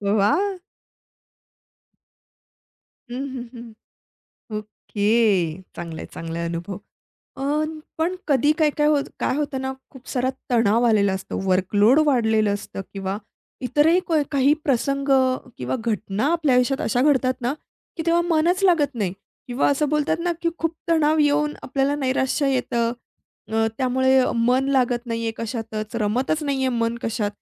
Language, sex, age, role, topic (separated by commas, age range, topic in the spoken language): Marathi, female, 30-34, host, लक्ष एकाग्र करण्यासाठी तुम्ही साधारणपणे काय करता?